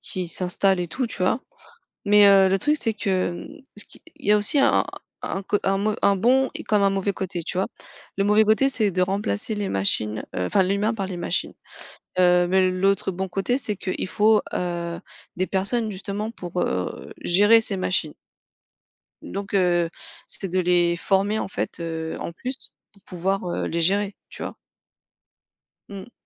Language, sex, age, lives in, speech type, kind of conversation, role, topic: French, female, 35-39, France, conversation, unstructured, none, Comment la technologie a-t-elle influencé votre façon d’apprendre ?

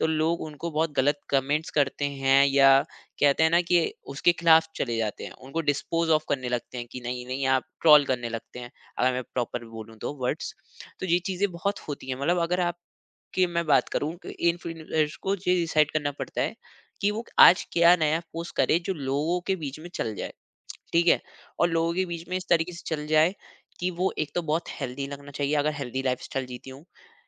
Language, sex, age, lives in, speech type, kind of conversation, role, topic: Hindi, male, 25-29, India, conversation, podcast, guest, Influencers ki mental health ko लेकर sabse bade concerns kya hote hain?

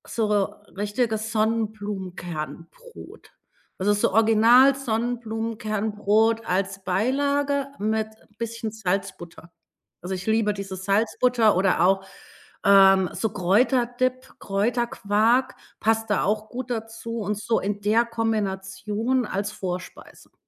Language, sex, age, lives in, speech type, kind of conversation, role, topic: German, female, 40-44, Germany, conversation, podcast, guest, Welches Familienessen bringt alle an einen Tisch?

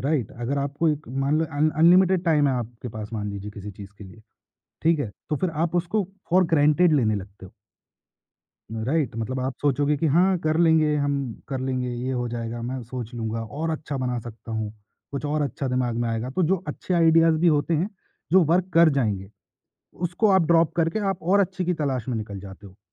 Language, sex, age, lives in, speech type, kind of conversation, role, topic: Hindi, male, 25-29, India, conversation, podcast, guest, Kya paabandiyaan tumhe zyada creative banati hain?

